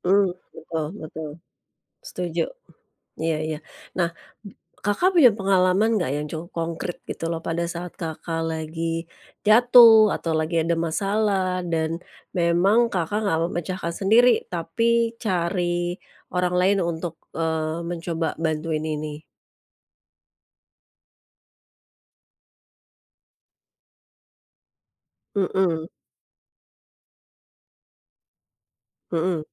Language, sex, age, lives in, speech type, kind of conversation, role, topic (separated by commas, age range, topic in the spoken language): Indonesian, female, 45-49, Indonesia, conversation, podcast, host, Menurutmu, seberapa penting meminta bantuan saat sedang terpuruk?